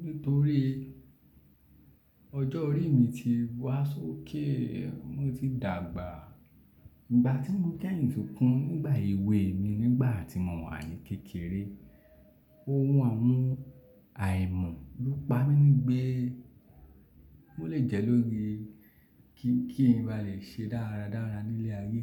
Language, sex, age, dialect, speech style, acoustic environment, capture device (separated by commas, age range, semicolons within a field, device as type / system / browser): Yoruba, male, 25-34, Nigeria - Lagos Yoruba, relaxed; focused, silence, desktop / Linux / Chrome